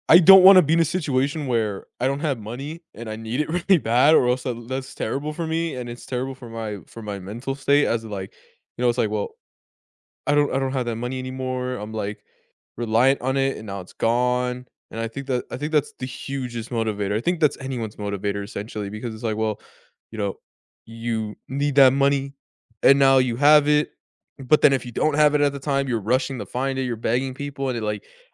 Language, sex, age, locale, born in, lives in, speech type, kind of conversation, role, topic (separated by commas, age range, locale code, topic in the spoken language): English, male, 20-24, en-US, United States, United States, conversation, unstructured, none, What money goal are you proud of achieving?